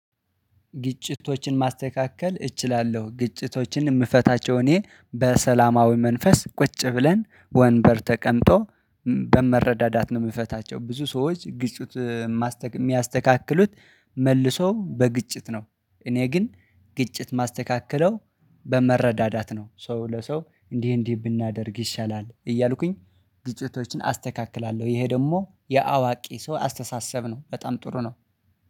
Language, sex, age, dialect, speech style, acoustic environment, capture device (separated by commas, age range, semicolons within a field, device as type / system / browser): Amharic, male, 25-34, Ethiopia - Addis Ababa, focused, silence, mobile / Linux / Chrome